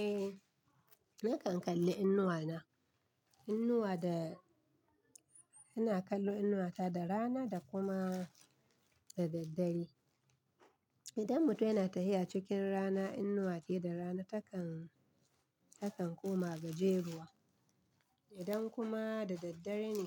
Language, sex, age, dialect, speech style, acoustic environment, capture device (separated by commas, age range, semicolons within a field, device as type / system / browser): Hausa, female, 35-44, Nigeria - Kano, relaxed, silence, desktop / Linux / Chrome